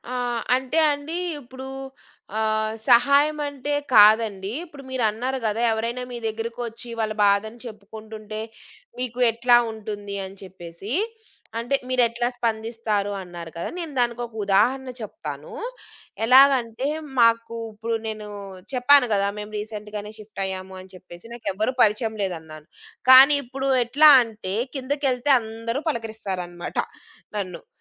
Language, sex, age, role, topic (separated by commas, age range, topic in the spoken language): Telugu, female, 35-39, guest, ఒక అనుకోని సహాయం మీకు ఆశ్చర్యకరమైన కొత్త మార్గాన్ని చూపించిందా?